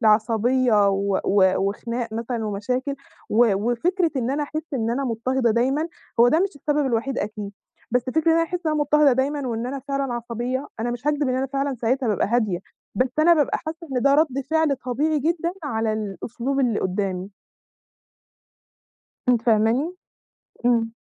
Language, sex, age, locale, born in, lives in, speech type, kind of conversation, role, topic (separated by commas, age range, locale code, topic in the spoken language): Arabic, female, 20-24, ar-EG, Egypt, Egypt, conversation, advice, user, إزاي أتعامل مع النقد المتكرر من حد من العيلة؟